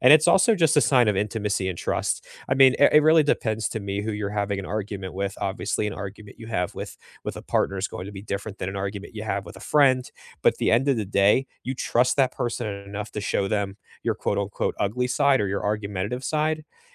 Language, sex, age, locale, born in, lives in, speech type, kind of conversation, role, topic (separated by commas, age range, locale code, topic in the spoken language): English, male, 25-29, en-US, United States, United States, conversation, unstructured, none, What is a surprising lesson you have learned from an argument?